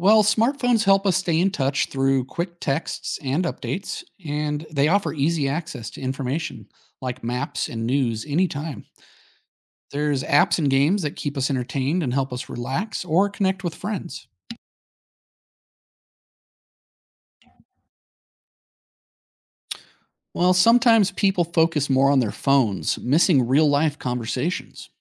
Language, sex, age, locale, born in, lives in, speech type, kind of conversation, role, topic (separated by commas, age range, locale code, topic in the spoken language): English, male, 35-39, en-US, United States, United States, read, expert-assistant, expert, How have smartphones changed communication?